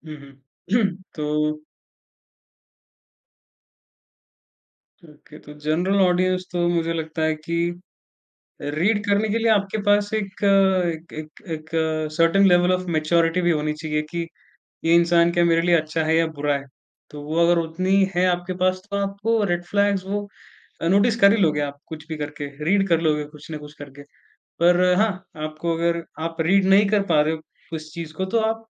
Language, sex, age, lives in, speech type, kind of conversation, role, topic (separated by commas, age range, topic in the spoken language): Hindi, male, 20-24, India, conversation, podcast, guest, Influencer duniya mein tumhare liye “authentic” rehne ka matlab kya hota hai?